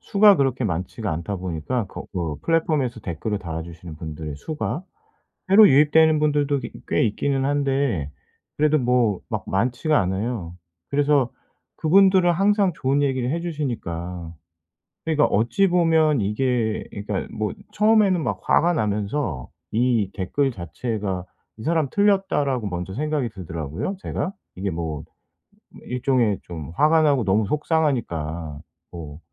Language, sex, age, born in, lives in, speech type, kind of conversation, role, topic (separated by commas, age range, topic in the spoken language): Korean, male, 45-49, South Korea, South Korea, conversation, advice, user, 피드백을 받을 때 방어적으로 반응하지 않고 이를 성장의 기회로 바꾸려면 어떻게 해야 할까요?